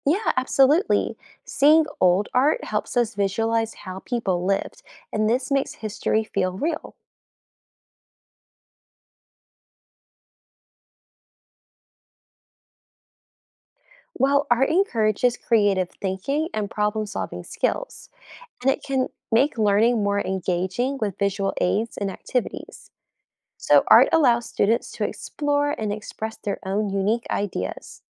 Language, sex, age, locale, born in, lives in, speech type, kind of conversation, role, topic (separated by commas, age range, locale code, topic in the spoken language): English, female, 20-24, en-US, United States, United States, read, expert-assistant, expert, How does art influence society and culture?